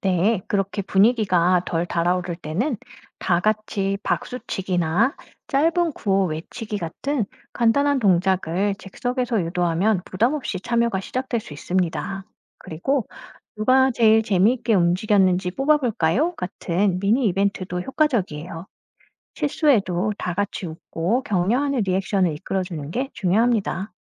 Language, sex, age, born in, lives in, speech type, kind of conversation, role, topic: Korean, female, 45-49, South Korea, France, read, expert-assistant, expert, 한국의 대표적인 민속예술(탈춤, 판소리, 농악 등) 중에서 외국인 친구에게 먼저 보여주고 싶은 것은 무엇인가요? 왜 그렇게 생각하시나요?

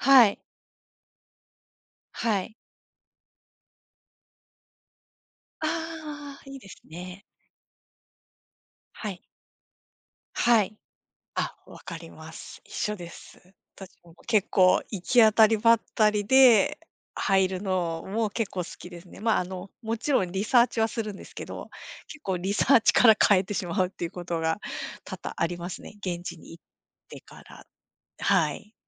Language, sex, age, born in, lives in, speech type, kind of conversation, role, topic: Japanese, female, 45-49, Japan, Japan, conversation, unstructured, none, 旅行に行くとき、いちばん楽しみにしていることは何ですか？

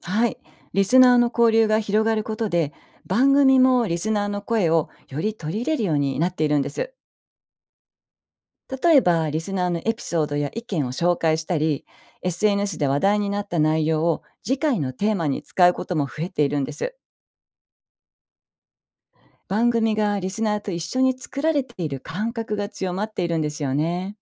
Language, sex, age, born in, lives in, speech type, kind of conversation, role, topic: Japanese, female, 55-59, Japan, Japan, read, expert-assistant, expert, ラジオとポッドキャストの違いを、身近な体験やわかりやすい例でたとえてもらえますか？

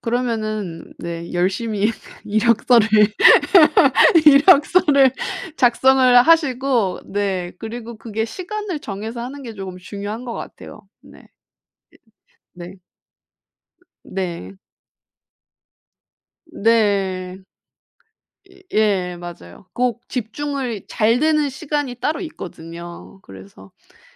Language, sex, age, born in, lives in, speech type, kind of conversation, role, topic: Korean, female, 30-34, South Korea, Japan, conversation, advice, advisor, 일과 쉼의 경계를 만들기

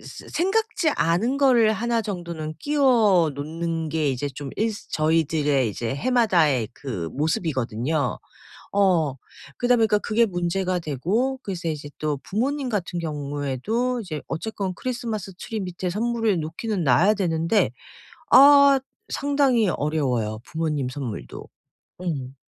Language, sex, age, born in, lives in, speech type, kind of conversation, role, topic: Korean, female, 50-54, South Korea, United States, conversation, advice, user, 왜 완벽한 선물을 고르는 일이 이렇게 스트레스로 느껴지나요?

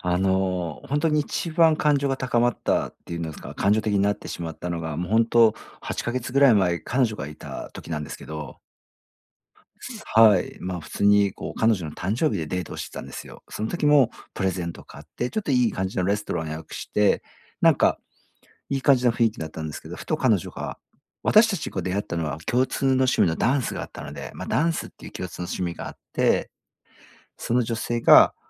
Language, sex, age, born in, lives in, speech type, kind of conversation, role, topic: Japanese, male, 40-44, Japan, Japan, conversation, advice, user, 批判を受けたとき、感情の高ぶりをどう抑えればよいですか？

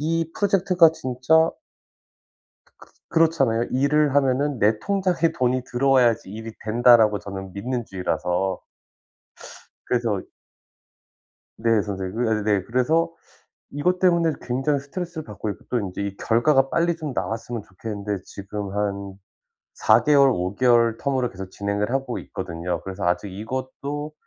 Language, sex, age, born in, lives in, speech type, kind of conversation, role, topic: Korean, male, 40-44, South Korea, United States, conversation, advice, user, 정신적 스트레스를 일상에서 어떻게 효과적으로 관리할 수 있을까요?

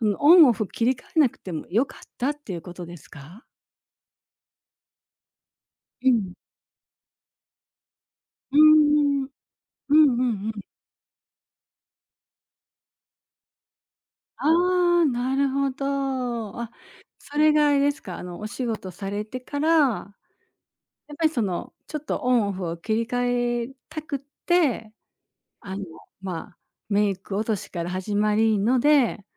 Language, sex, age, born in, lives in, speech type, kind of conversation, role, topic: Japanese, female, 60-64, Japan, Japan, conversation, podcast, host, 家に帰って最初に何をしますか？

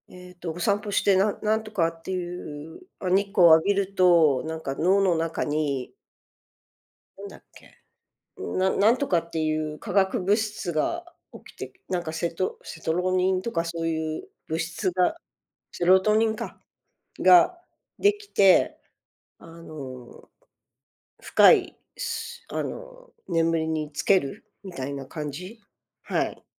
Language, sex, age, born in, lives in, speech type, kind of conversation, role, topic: Japanese, female, 55-59, Japan, United States, conversation, advice, user, 毎朝運動を習慣にしたいのに続かないのはなぜですか？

